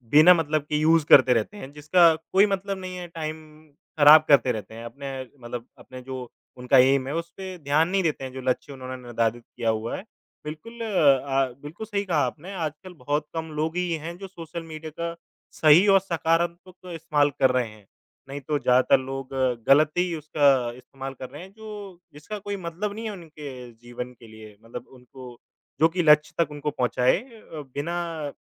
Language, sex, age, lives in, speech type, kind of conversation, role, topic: Hindi, male, 25-29, India, conversation, unstructured, none, क्या आपको लगता है कि सामाजिक माध्यम हमारी ज़िंदगी को खराब कर रहे हैं?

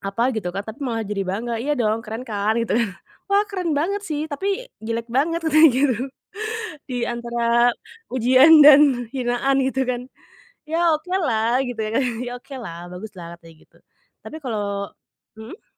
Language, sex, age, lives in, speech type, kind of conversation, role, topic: Indonesian, female, 35-39, Indonesia, conversation, podcast, guest, Momen apa yang membuat kamu memutuskan untuk mengubah gaya estetikamu?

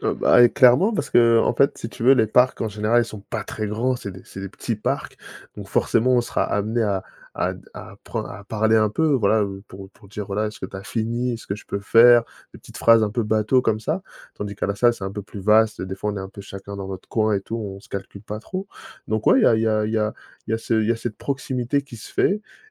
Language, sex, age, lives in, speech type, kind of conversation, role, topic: French, male, 30-34, France, conversation, podcast, guest, Quelle habitude a vraiment changé ta vie ?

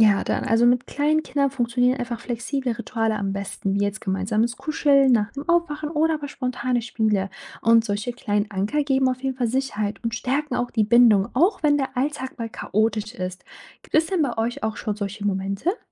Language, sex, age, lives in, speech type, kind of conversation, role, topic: German, female, 25-29, Germany, read, expert-assistant, expert, Wie kann ich als Pflegekraft im Schichtdienst meinen Alltag besser organisieren, um genug Zeit für Erholung und Familie zu haben?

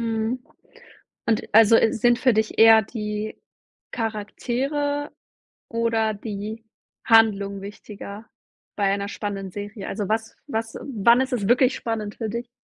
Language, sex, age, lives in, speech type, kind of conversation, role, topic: German, female, 20-24, Germany, conversation, podcast, host, Was macht für dich eine Serie spannend und so fesselnd, dass du sie am Stück weiterschaust?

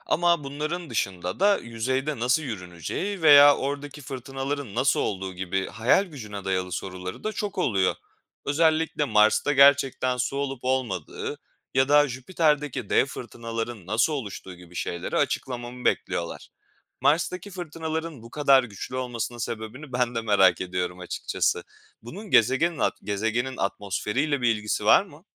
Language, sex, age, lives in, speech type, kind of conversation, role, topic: Turkish, male, 25-29, Poland, read, expert-assistant, asker, Çocuklarla uzay araştırması yaparken güvenilir bilgiyi nasıl ayırt edebiliriz?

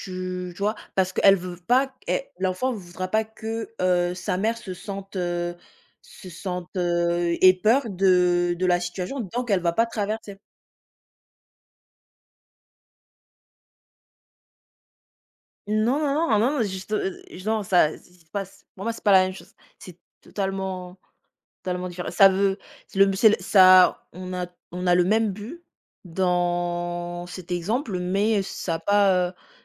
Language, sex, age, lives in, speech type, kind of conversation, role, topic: French, female, 20-24, France, conversation, unstructured, none, Comment persuader quelqu’un sans imposer son opinion ?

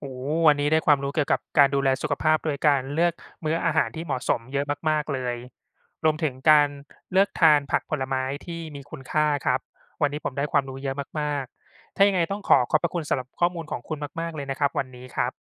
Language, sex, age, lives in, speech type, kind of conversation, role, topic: Thai, male, 35-39, Thailand, read, expert-assistant, asker, การดูแลสุขภาพด้วยการรับประทานอาหารที่เหมาะสมมีผลต่อการเรียนรู้อย่างไร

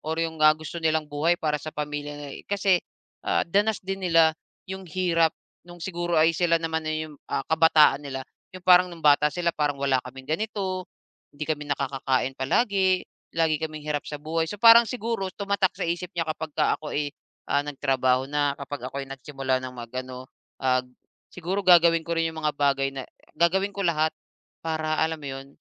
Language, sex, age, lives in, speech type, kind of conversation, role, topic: Filipino, male, 35-39, Philippines, conversation, podcast, guest, Paano mo binabalanse ang oras mo sa pamilya at sa trabaho?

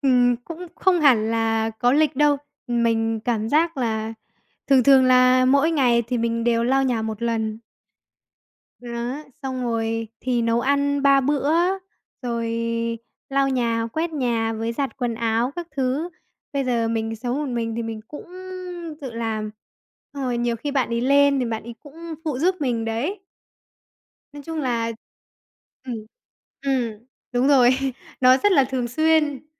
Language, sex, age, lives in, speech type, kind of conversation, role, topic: Vietnamese, female, 45-49, Vietnam, conversation, podcast, guest, Bạn phân chia việc nhà với người sống cùng như thế nào?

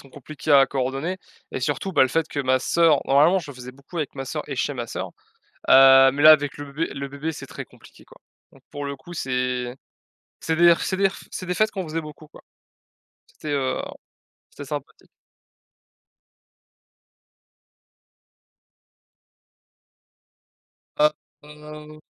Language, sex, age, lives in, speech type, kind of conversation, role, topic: French, male, 20-24, France, conversation, unstructured, none, Quelle tradition familiale chéris-tu parce qu’elle te rappelle le passé ?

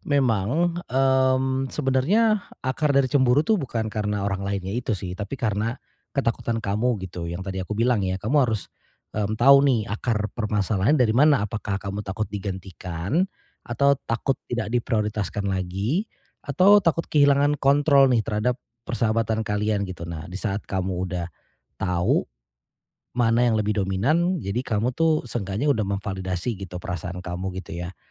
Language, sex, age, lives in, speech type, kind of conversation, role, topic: Indonesian, male, 35-39, Indonesia, conversation, advice, advisor, Bagaimana cara mengatasi rasa cemburu ketika melihat teman dekat menjadi akrab dengan orang lain?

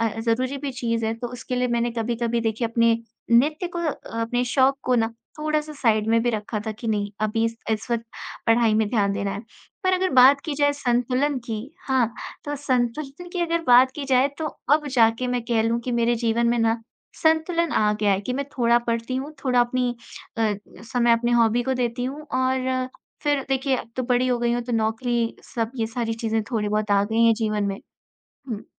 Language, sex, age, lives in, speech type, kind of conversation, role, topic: Hindi, female, 20-24, India, conversation, podcast, guest, पढ़ाई और शौक के बीच संतुलन बनाए रखने के लिए आप क्या तरीके अपनाते हैं?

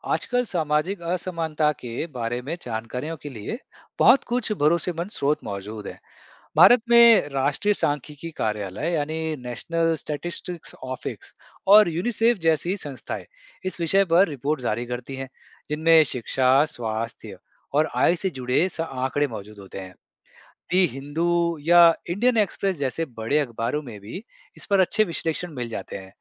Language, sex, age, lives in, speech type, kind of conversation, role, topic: Hindi, male, 35-39, India, read, expert-assistant, expert, क्या सामाजिक असमानता बच्चों के आत्मविश्वास और शिक्षा पर असर डालती है?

